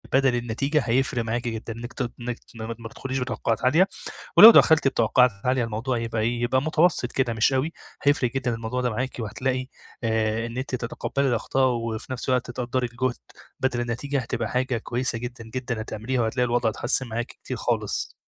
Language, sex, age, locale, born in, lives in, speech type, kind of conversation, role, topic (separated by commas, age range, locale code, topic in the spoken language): Arabic, male, 25-29, ar-EG, Egypt, Egypt, conversation, advice, advisor, إزاى أبطل أحس بالقلق لما الناس مستنيين منّي الكمال؟